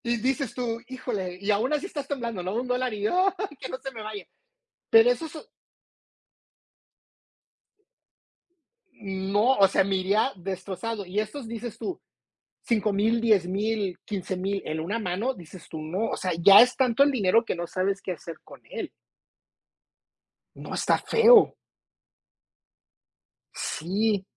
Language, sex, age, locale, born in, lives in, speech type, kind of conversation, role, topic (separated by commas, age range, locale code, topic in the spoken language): Spanish, male, 45-49, es-MX, United States, United States, conversation, unstructured, none, ¿Es justo que algunos deportes paguen mejor a sus atletas?